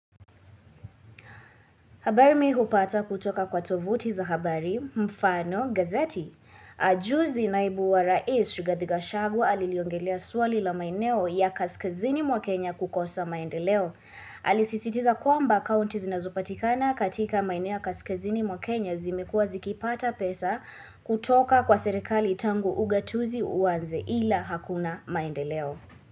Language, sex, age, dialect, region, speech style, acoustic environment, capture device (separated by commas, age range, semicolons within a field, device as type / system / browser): Swahili, female, 18-24, Kenya - Nairobi Sheng-influenced, Kenya, excited, nature, mobile / Linux / Chrome